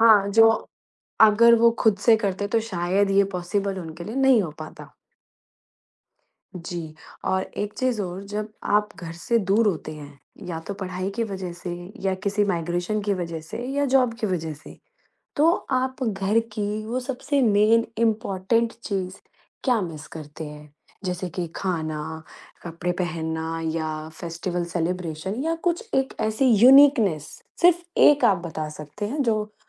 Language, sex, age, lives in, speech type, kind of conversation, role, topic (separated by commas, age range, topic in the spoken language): Hindi, female, 35-39, India, conversation, podcast, host, आपके लिए घर का मतलब क्या होता है?